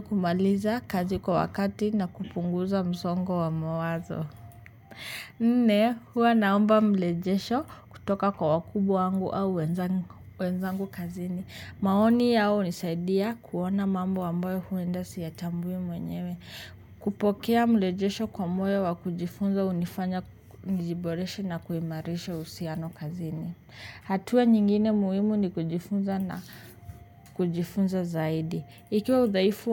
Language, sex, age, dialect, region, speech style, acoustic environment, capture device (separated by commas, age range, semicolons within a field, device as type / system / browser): Swahili, male, 25-34, Kenya - Nairobi Sheng-influenced, Kenya, focused, nature, mobile / Linux / Chrome